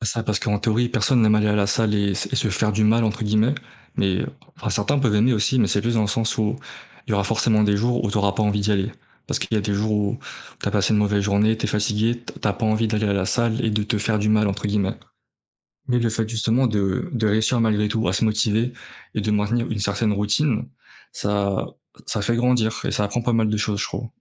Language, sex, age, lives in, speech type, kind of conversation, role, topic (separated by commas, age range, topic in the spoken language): French, male, 20-24, France, conversation, unstructured, none, Comment peux-tu encourager quelqu’un à commencer une activité physique ?